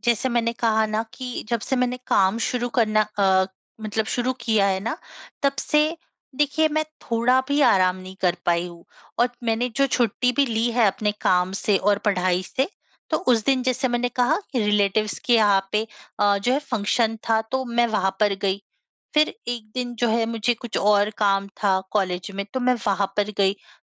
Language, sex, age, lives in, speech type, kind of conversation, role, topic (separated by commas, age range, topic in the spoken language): Hindi, female, 25-29, India, conversation, advice, user, मैं सप्ताहांत में आराम और काम के बीच संतुलन कैसे बना सकता/सकती हूँ?